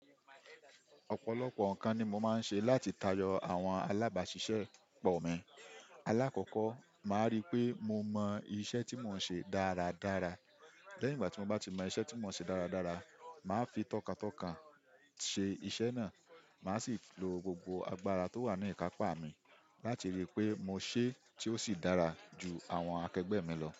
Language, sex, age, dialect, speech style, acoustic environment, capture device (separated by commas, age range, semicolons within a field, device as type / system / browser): Yoruba, male, 25-34, Nigeria - Ibadan, focused; happy; relaxed, silence, desktop / Windows / Chrome